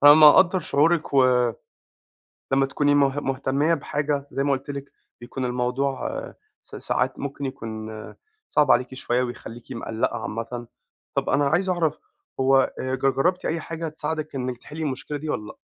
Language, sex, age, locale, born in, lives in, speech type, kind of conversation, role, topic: Arabic, male, 25-29, ar-EG, Egypt, United States, conversation, advice, advisor, أعمل إيه عشان أستعيد السيطرة بسرعة لما القلق ييجي فجأة في الشغل؟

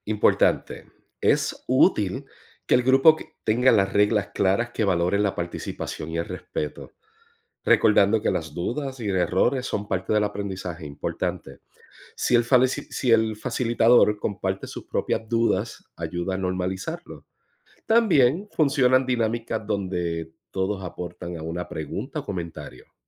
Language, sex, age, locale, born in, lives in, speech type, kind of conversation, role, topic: Spanish, male, 45-49, es-US, Puerto Rico, United States, read, expert-assistant, expert, ¿Cómo puedo ganar confianza para participar y hacer preguntas en capacitaciones sobre tecnología agrícola?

